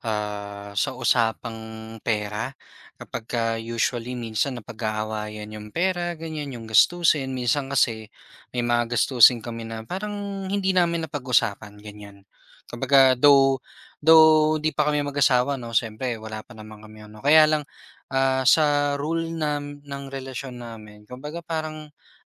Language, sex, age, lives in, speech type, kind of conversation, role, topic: Filipino, male, 25-29, Philippines, conversation, podcast, guest, Paano ka nagtatakda ng mga hangganan sa isang relasyon?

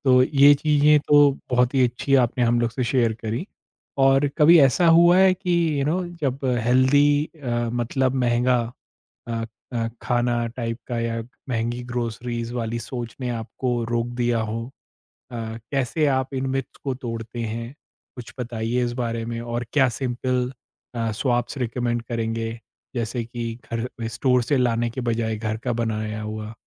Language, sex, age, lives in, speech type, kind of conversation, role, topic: Hindi, male, 40-44, India, conversation, podcast, host, Budget mein sehatmand khana tum kaise manage karte ho?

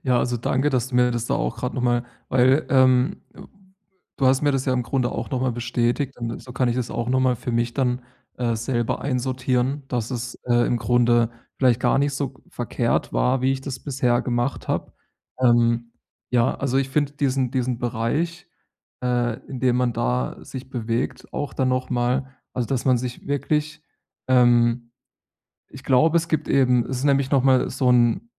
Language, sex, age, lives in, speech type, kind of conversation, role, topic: German, male, 30-34, Germany, conversation, advice, user, Wie kann ich ehrlich kommunizieren, ohne Angst vor Zurückweisung zu haben?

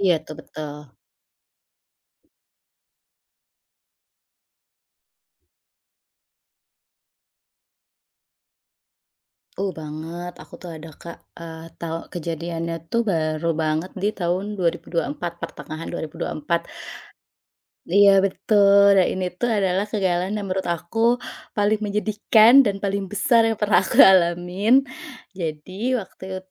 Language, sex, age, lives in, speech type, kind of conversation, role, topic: Indonesian, female, 35-39, Indonesia, conversation, podcast, guest, Pernahkah Anda mengalami kegagalan besar, dan bagaimana ceritanya serta pelajaran yang Anda dapatkan?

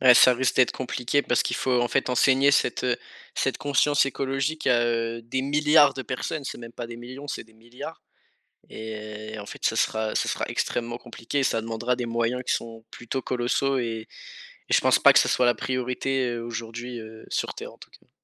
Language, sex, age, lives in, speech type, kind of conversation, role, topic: French, male, 18-19, France, conversation, podcast, host, Quels gestes simples peut-on adopter chez soi pour réduire les déchets plastiques ?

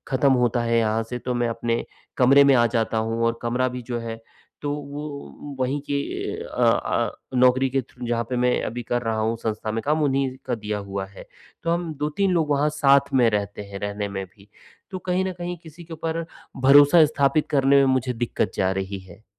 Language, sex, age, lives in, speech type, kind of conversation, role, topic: Hindi, male, 45-49, India, conversation, advice, user, नए शहर में भरोसा और दीर्घकालिक दोस्ती कैसे बनाई जाए?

